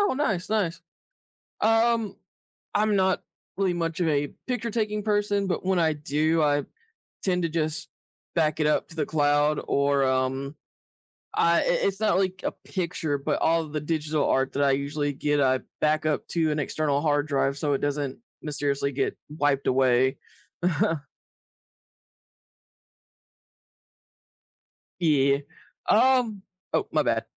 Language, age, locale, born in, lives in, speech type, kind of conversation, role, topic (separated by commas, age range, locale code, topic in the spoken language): English, 30-34, en-US, United States, United States, conversation, unstructured, none, What simple tips help you keep your digital photos and videos organized—and enjoy revisiting memories together?